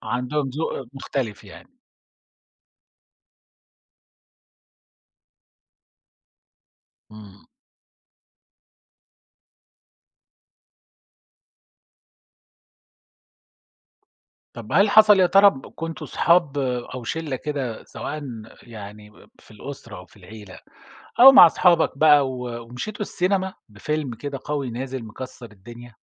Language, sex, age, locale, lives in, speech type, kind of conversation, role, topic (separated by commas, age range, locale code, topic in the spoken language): Arabic, male, 50-54, ar-SA, Germany, conversation, podcast, host, إزاي المشاهدة الجماعية بتغيّر إحساسنا بالهروب من الواقع؟